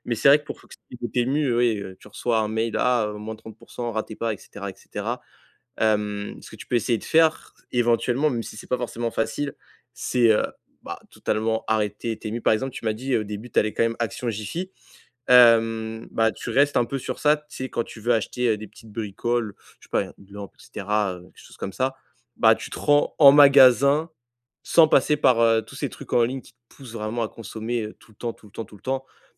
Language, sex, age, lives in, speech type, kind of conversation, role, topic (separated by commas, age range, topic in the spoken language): French, male, 20-24, France, conversation, advice, advisor, Comment puis-je réduire facilement mes achats impulsifs et inutiles ?